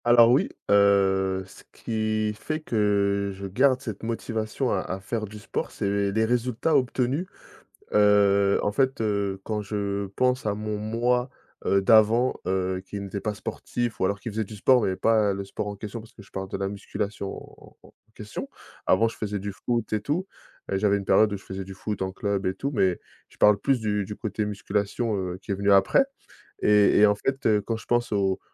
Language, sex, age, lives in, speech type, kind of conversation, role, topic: French, male, 30-34, France, conversation, podcast, guest, Comment fais-tu pour rester motivé à faire du sport sur la durée ?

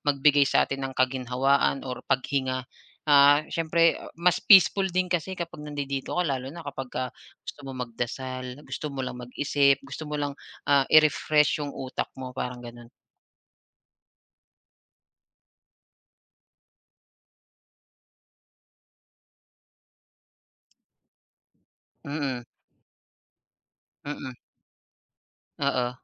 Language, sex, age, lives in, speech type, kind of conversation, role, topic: Filipino, male, 35-39, Philippines, conversation, podcast, guest, Paano mo ginagamit ang kalikasan para magmuni-muni?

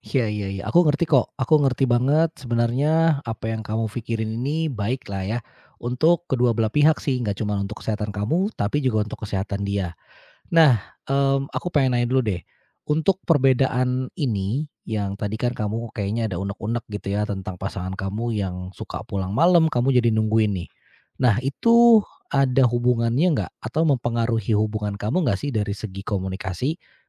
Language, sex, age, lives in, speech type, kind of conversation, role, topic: Indonesian, male, 35-39, Indonesia, conversation, advice, advisor, Bagaimana perbedaan kebiasaan tidur dengan pasangan dapat menyebabkan konflik?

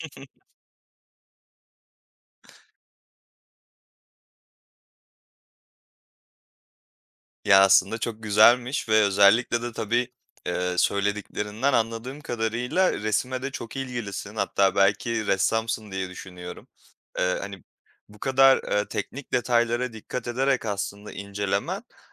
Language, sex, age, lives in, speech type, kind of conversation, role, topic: Turkish, male, 25-29, Poland, conversation, podcast, host, Hangi mekânlarda daha kolay akış yakalarsın?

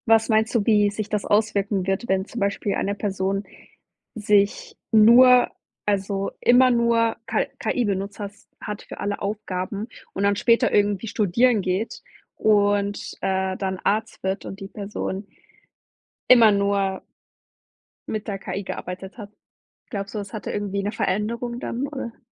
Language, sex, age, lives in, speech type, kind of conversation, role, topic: German, female, 20-24, Germany, conversation, podcast, host, Was denkst du über künstliche Intelligenz im Alltag?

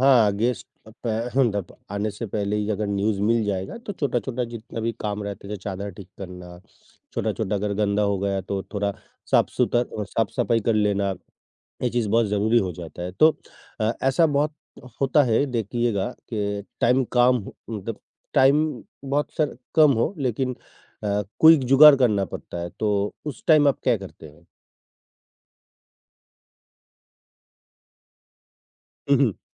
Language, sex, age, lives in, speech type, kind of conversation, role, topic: Hindi, male, 55-59, India, conversation, podcast, host, Mehmaan aane se pehle tum ghar ko kaise taiyaar karte ho?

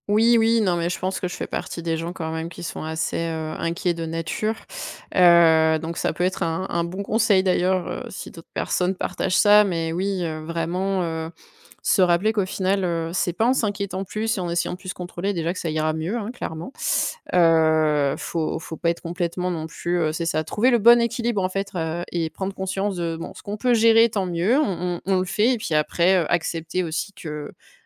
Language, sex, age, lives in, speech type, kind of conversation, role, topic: French, female, 30-34, France, conversation, podcast, guest, Peux-tu raconter un retard qui, finalement, t’a évité un problème ?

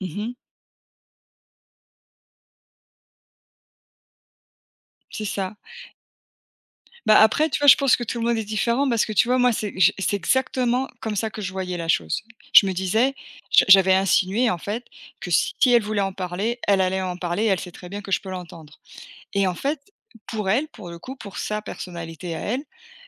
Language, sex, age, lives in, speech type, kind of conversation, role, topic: French, female, 40-44, United States, conversation, unstructured, none, Est-ce que tu trouves que parler du passé peut parfois blesser ?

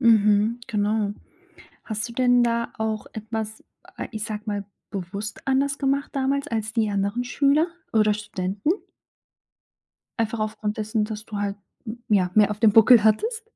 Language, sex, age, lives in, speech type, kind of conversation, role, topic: German, female, 25-29, Germany, conversation, podcast, host, Was würdest du deinem jüngeren Ich raten?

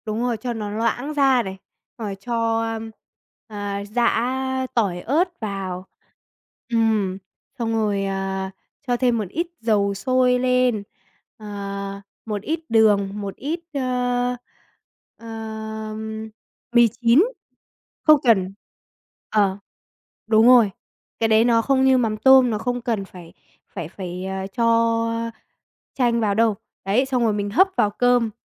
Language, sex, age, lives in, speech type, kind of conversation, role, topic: Vietnamese, female, 45-49, Vietnam, conversation, podcast, guest, Bạn có mẹo nào để nêm nếm món ăn cho chuẩn vị không?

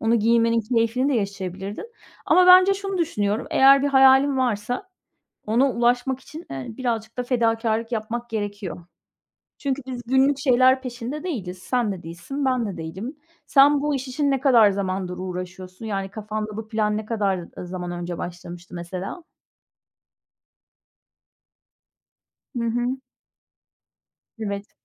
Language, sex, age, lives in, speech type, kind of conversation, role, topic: Turkish, female, 45-49, Spain, conversation, unstructured, none, Gelecekte hangi mesleği yapmak istersin ve hayallerindeki hayata ulaşmak için önümüzdeki beş yıl içinde neler yapmayı planlıyorsun?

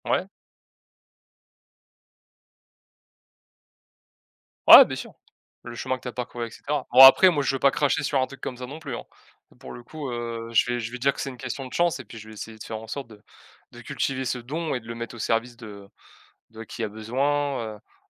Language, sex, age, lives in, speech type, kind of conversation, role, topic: French, male, 20-24, France, conversation, unstructured, none, Comment réagiriez-vous si vous vous réveilliez un matin avec la capacité de parler toutes les langues du monde ?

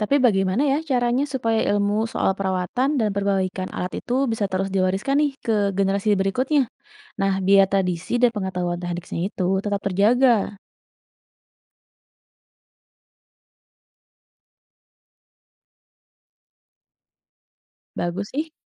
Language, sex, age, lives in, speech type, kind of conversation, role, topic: Indonesian, female, 35-39, Indonesia, read, expert-assistant, asker, Apa ide menarik untuk menggabungkan teknologi sederhana dan tradisi lokal dalam mengurus hewan ternak?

